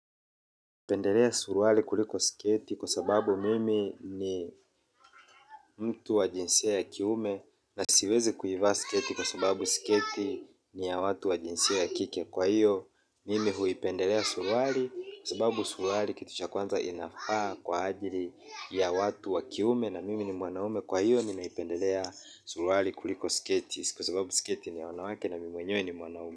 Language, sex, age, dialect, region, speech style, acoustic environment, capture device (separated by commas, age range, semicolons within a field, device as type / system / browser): Swahili, male, 25-34, Kenya - Mombasa, Kenya, relaxed, silence; people talking, mobile / Linux / Chrome